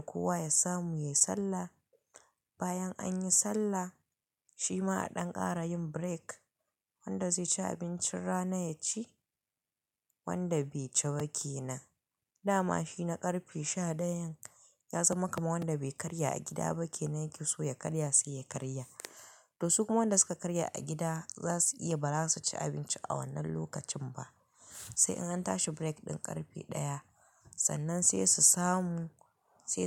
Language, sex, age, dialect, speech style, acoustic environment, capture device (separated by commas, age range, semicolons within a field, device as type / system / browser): Hausa, female, 25-34, Nigeria - Kano, happy, people talking, mobile / Linux / Chrome